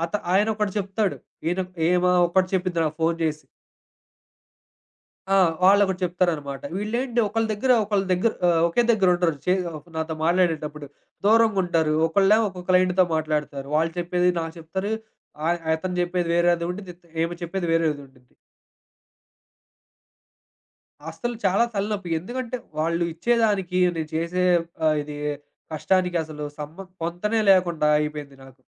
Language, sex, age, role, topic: Telugu, male, 25-29, guest, మీ బాస్‌తో మీ సంబంధం గురించి మీరు ఏమనుకుంటున్నారు?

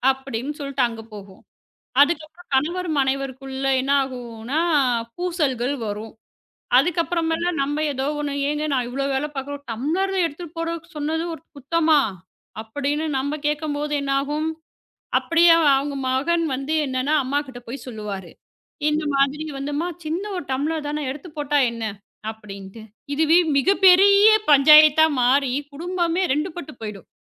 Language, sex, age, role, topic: Tamil, female, 35-39, host, குடும்பத்தில் வீட்டுப்பணிகள் எவ்வாறு பகிர்ந்து செய்யப்படுகின்றன?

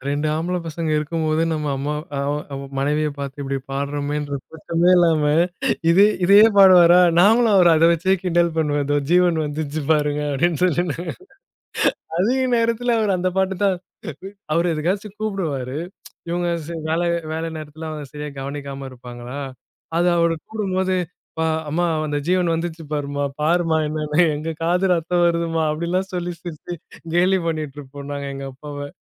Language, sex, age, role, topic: Tamil, male, 30-34, host, அப்பா-அம்மாவின் வீட்டை நினைவுபடுத்தும் பாடல் என்ன?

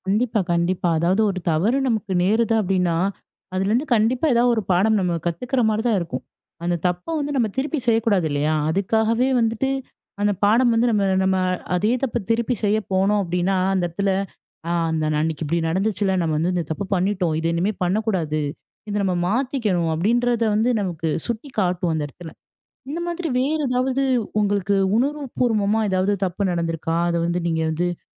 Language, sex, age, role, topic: Tamil, female, 25-29, host, பழைய தவறுகளிலிருந்து நீங்கள் என்ன பாடம் கற்றுக்கொண்டீர்கள், அதை எப்படி கற்றுக்கொண்டீர்கள்?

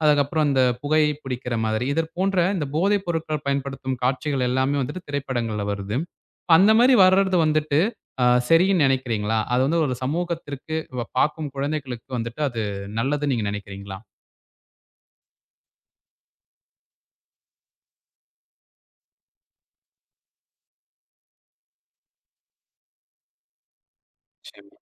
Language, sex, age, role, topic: Tamil, male, 20-24, host, பிரபலங்களின் நடத்தை மக்களுடைய எண்ணங்களை எவ்வாறு மாற்றுகிறது?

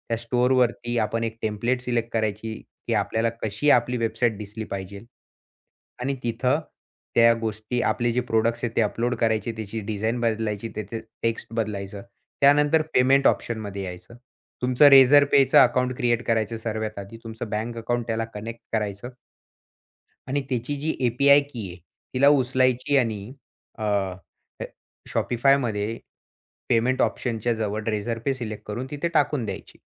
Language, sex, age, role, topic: Marathi, male, 20-24, guest, सोशल मिडियावर आपलं काम कसं दाखवता?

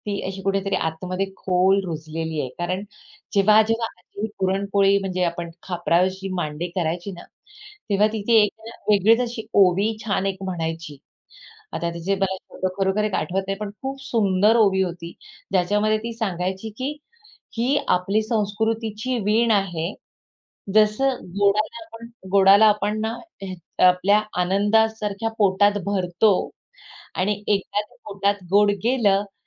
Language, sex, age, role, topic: Marathi, female, 45-49, guest, अन्नातून तुमची संस्कृती कशी कळू शकते?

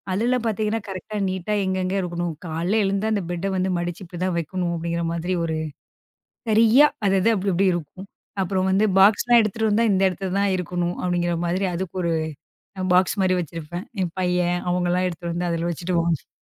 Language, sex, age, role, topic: Tamil, female, 35-39, guest, இடம் குறைவாக இருந்தால் நீங்கள் எதை முன்னுரிமை அளிப்பீர்கள்?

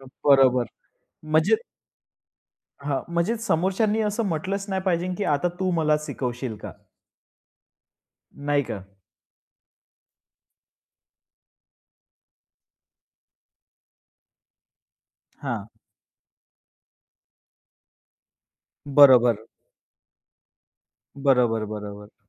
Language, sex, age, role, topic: Marathi, male, 25-29, host, फीडबॅक देताना तुम्ही कोणत्या गोष्टी लक्षात ठेवता?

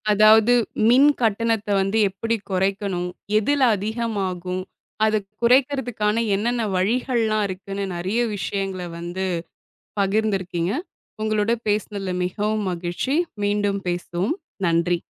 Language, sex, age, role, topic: Tamil, female, 25-29, host, மின் பில்களை குறைக்கும் எளிய வழிகள் என்ன?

